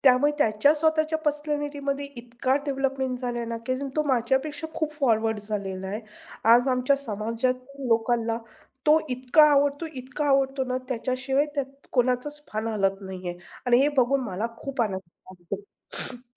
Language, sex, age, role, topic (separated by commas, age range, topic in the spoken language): Marathi, female, 45-49, guest, तुझ्या आवडत्या छंदात लक्ष वाढवण्यासाठी कोणत्या टिप्स उपयोगी पडतात?